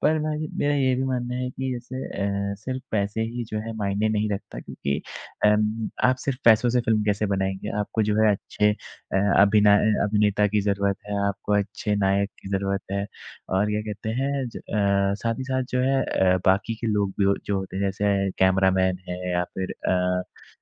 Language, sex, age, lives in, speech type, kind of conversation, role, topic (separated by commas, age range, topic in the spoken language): Hindi, male, 20-24, India, conversation, unstructured, none, क्या बॉलीवुड में सबसे ज़्यादा महत्व सिर्फ़ पैसे का ही है?